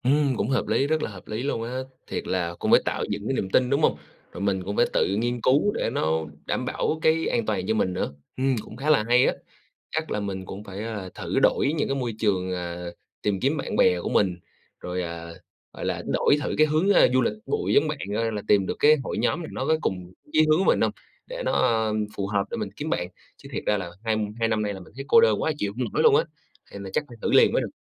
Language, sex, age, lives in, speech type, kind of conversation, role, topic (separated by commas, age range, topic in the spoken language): Vietnamese, male, 25-29, Vietnam, conversation, advice, user, Vì sao càng lớn tuổi bạn càng thấy khó kết bạn mới, và bạn muốn kết bạn để làm gì?